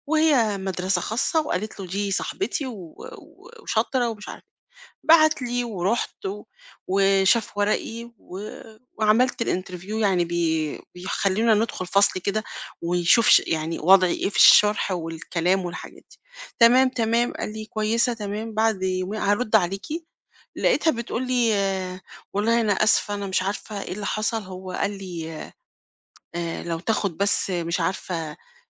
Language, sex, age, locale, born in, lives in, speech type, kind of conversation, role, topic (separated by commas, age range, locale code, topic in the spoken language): Arabic, female, 50-54, ar-EG, Egypt, Portugal, conversation, advice, user, إزاي أتغلب على قلقي من الفشل وأبدأ أحاول؟